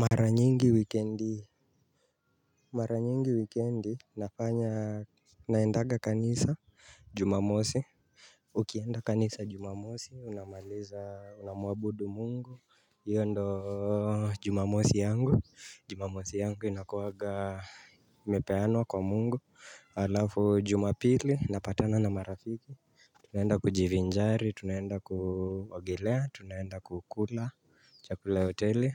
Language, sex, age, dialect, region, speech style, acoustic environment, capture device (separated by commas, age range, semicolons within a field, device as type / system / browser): Swahili, male, 25-34, Kenya - Nairobi Sheng-influenced, Kenya, relaxed, nature; silence, mobile / Linux / Chrome